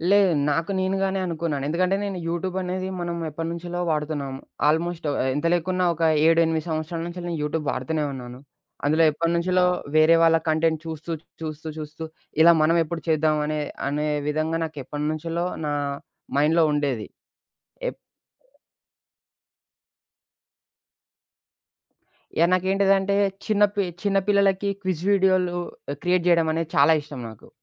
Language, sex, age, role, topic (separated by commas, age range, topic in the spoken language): Telugu, male, 20-24, guest, కొత్త నైపుణ్యాన్ని నేర్చుకోవడం ప్రారంభించడానికి మొదటి అడుగు ఏది?